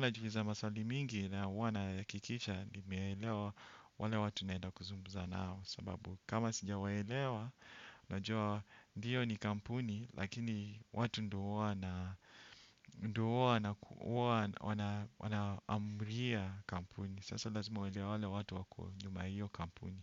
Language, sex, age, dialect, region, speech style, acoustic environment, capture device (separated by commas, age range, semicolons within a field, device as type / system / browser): Swahili, male, 35-44, Kenya - Nairobi Sheng-influenced, Kenya, relaxed, silence, desktop / Windows / Chrome